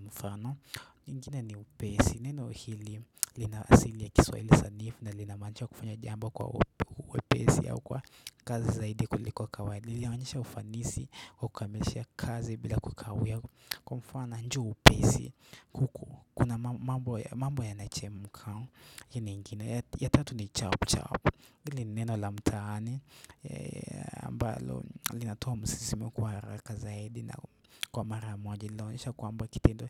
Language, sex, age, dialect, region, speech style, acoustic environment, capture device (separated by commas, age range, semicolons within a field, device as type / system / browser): Swahili, male, 18-24, Kenya - Nairobi Sheng-influenced, Kenya, relaxed; focused; nervous, silence; nature, desktop / Windows / Chrome